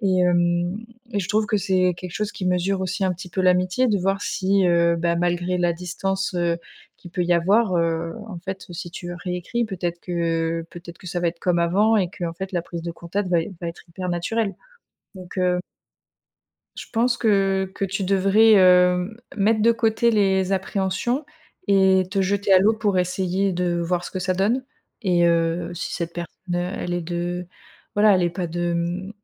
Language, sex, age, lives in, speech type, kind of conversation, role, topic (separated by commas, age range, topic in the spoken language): French, female, 30-34, France, conversation, advice, advisor, Pourquoi voulez-vous reprendre contact après une longue rupture d’amitié ?